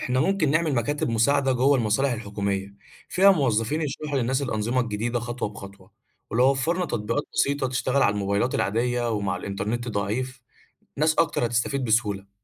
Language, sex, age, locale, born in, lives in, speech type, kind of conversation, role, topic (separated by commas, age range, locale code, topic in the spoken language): Arabic, male, 20-24, ar-EG, Egypt, Egypt, read, expert-assistant, expert, تفتكر إزاي ممكن نستخدم التكنولوجيا بطريقة مبتكرة لتحسين تجربة المواطنين في التعامل مع المصالح الحكومية؟